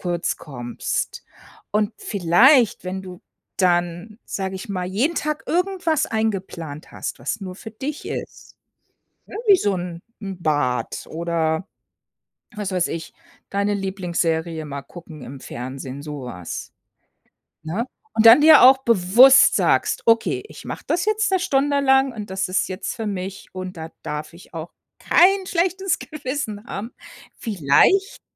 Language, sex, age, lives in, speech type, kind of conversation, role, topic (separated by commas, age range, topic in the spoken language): German, female, 55-59, United States, conversation, advice, advisor, Wie kann ich mein negatives Selbstgespräch im Alltag stoppen?